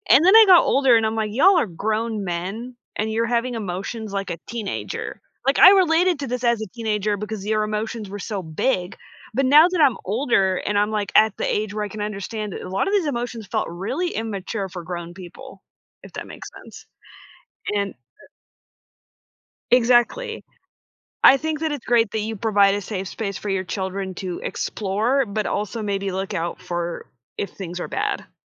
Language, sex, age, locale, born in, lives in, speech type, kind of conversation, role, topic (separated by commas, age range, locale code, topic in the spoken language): English, female, 25-29, en-US, United States, United States, conversation, podcast, host, How has social media changed the way we experience and interact with entertainment?